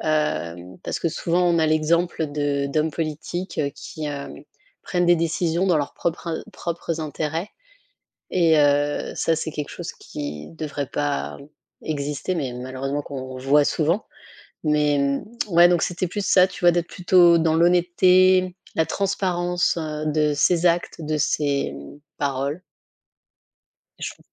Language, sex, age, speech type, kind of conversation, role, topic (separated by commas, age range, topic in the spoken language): French, female, 35-39, conversation, unstructured, none, Quelles qualités sont importantes pour un bon dirigeant politique ?